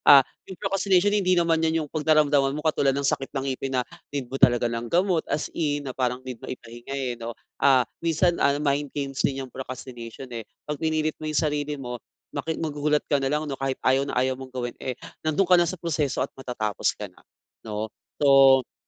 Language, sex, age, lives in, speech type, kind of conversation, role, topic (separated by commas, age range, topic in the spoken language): Filipino, male, 25-29, Philippines, conversation, advice, advisor, Paano ko maiuuna ang paglikha kaysa sa pagdadahilan at pagpapaliban?